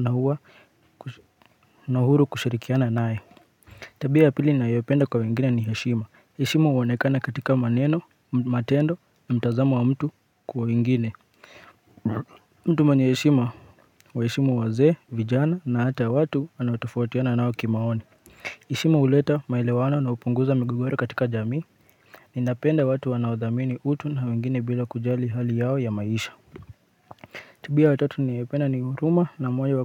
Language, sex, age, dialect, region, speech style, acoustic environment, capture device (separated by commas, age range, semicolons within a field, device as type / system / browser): Swahili, male, 25-34, Kenya - Nairobi Sheng-influenced, Kenya, relaxed, silence, mobile / Linux / Chrome